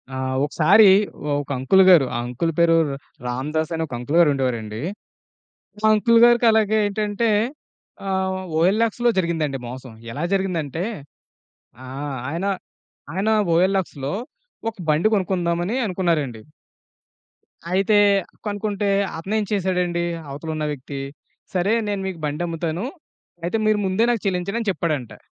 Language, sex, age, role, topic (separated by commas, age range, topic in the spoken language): Telugu, male, 30-34, guest, మీకు డిజిటల్ చెల్లింపులు వాడిన అనుభవం ఎలా ఉంది?